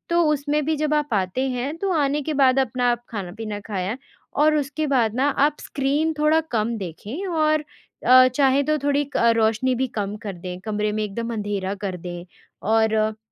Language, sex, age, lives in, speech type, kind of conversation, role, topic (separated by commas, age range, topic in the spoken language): Hindi, female, 20-24, India, conversation, advice, advisor, क्या कैफीन या शराब लेने से आपकी नींद गहरी नहीं हो पा रही है और आपको चिंता महसूस होती है?